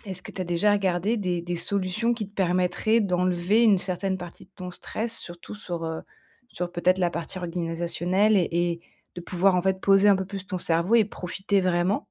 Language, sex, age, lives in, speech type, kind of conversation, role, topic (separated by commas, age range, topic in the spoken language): French, female, 30-34, France, conversation, advice, advisor, Comment puis-je mieux gérer l’anxiété et le stress avant et pendant un voyage ?